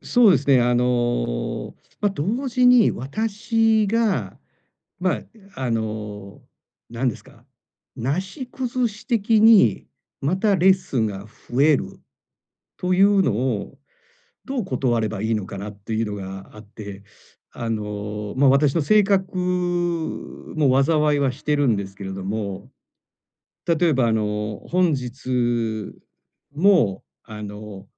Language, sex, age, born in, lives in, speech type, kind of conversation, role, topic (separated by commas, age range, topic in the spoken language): Japanese, male, 45-49, Japan, Japan, conversation, advice, user, 休息を優先しながら、やんわり断るにはどうすればよいですか？